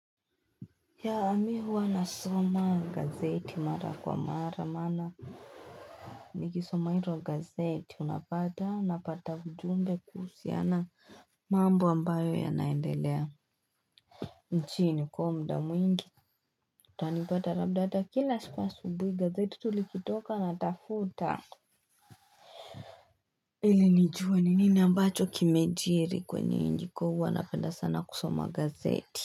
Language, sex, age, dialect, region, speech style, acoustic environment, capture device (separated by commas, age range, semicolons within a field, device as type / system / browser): Swahili, female, 18-24, Kenya - Nairobi Sheng-influenced, Kenya, anxious, people talking, desktop / Linux / Chrome